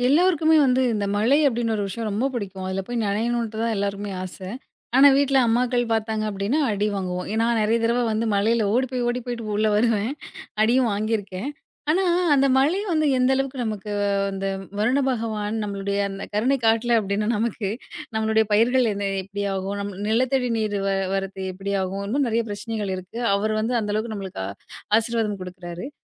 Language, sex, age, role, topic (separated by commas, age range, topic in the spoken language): Tamil, female, 30-34, host, மழையில் நன்றியுணர்வுடன் நின்றிருக்கும் பழக்கம் உங்கள் வாழ்க்கையை எப்படித் மாற்றியது?